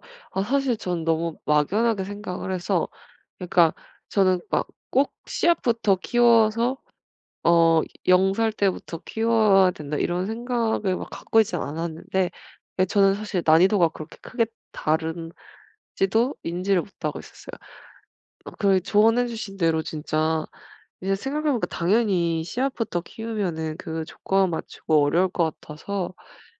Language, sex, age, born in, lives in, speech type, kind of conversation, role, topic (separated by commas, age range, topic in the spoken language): Korean, female, 25-29, South Korea, Germany, conversation, advice, user, 실패가 두려워 새로운 취미나 활동을 시작하거나 계속하기가 어려울 때 어떻게 하면 좋을까요?